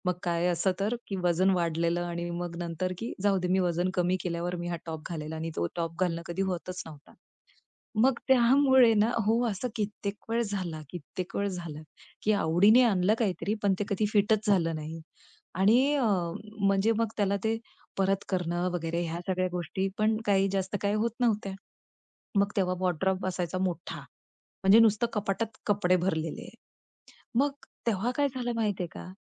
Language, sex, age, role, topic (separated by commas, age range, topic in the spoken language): Marathi, female, 35-39, guest, तुम्ही कमी कपडे ठेवण्याचा निर्णय घेतल्यानंतर तुमचा अनुभव कसा राहिला?